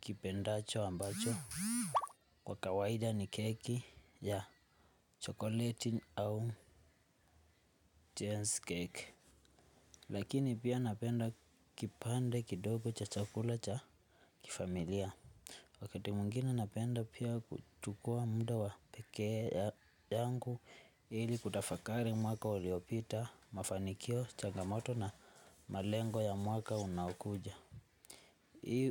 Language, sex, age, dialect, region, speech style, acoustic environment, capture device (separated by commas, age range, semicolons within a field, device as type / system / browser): Swahili, male, 18-24, Kenya - Nairobi Sheng-influenced, Kenya, happy, nature; silence, desktop / Linux / Chrome